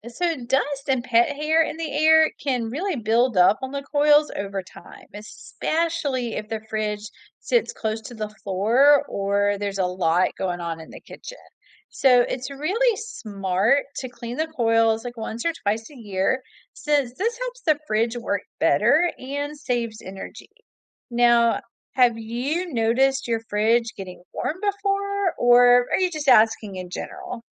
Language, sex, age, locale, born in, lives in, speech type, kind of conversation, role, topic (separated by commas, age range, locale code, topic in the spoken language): English, female, 45-49, en-US, United States, United States, read, expert-assistant, expert, How can you keep your refrigerator running efficiently and your food safe?